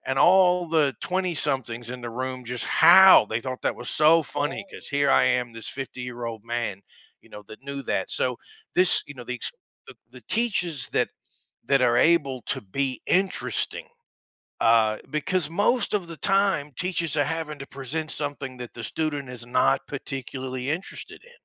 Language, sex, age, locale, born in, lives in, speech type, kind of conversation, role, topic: English, male, 45-49, en-US, United States, United States, conversation, podcast, guest, How do great teachers inspire and connect with their students to make learning meaningful?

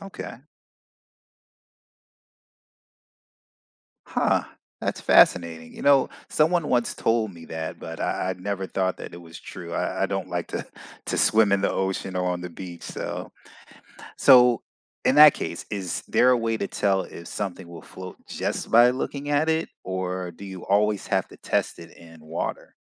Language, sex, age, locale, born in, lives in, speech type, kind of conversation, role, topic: English, male, 45-49, en-US, United States, United States, read, expert-assistant, asker, What determines whether an object floats or sinks in water?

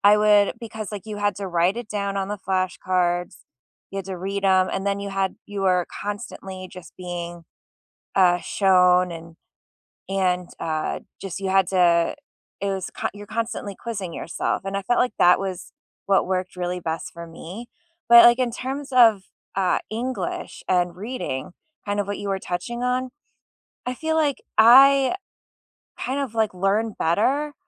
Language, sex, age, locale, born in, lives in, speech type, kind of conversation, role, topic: English, female, 35-39, en-US, United States, United States, conversation, unstructured, none, How do you learn best?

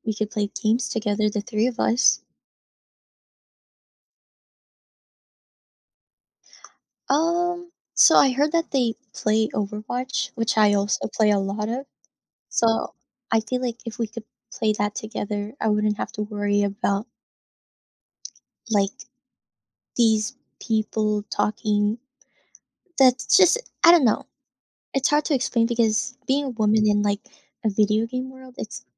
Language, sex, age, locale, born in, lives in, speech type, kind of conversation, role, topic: English, female, 20-24, en-US, Philippines, United States, conversation, advice, user, How can I make my daily routine feel more meaningful?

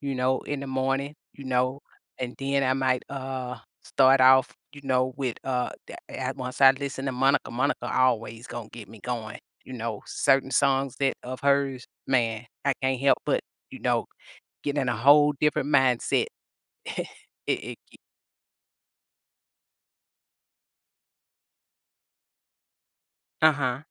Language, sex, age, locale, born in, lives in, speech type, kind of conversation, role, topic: English, female, 50-54, en-US, United States, United States, conversation, podcast, guest, What helps you keep your energy and focus when work gets challenging?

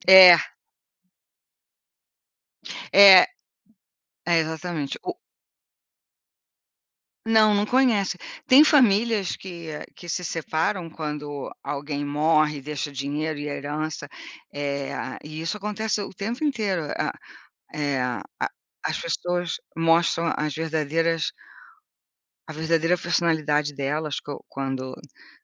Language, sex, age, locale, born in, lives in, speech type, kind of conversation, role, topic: Portuguese, female, 60-64, pt-BR, Brazil, United States, conversation, unstructured, none, Você acha que o dinheiro compra felicidade? Por quê?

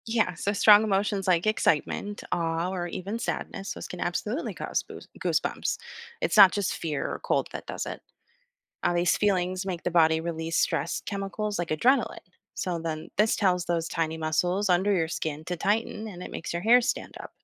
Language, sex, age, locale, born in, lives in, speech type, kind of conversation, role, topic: English, female, 30-34, en-US, United States, United States, read, expert-assistant, expert, Why do we get goosebumps when cold or scared?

